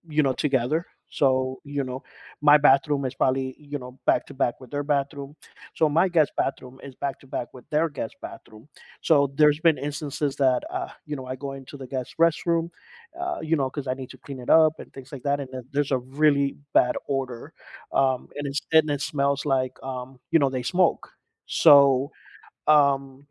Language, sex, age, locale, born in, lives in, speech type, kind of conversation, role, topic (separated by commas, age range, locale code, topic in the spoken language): English, male, 45-49, en-US, United States, United States, conversation, advice, user, How can I calmly resolve ongoing conflicts with a difficult neighbor?